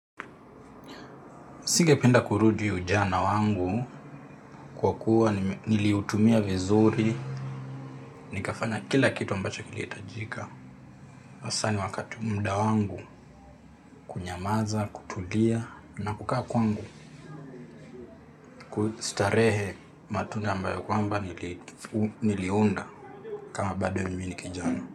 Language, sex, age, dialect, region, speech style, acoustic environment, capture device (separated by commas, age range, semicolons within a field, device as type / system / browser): Swahili, male, 18-24, Kenya - Nairobi Sheng-influenced, Kenya, relaxed; happy, silence, mobile / Linux / Chrome